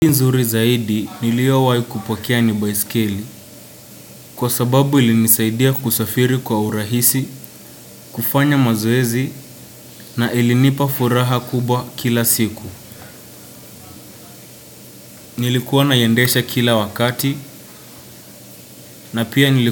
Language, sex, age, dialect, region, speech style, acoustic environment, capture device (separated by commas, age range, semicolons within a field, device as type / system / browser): Swahili, male, 18-24, Kenya - Nairobi Sheng-influenced, Kenya, relaxed; focused, nature, desktop / Windows / Chrome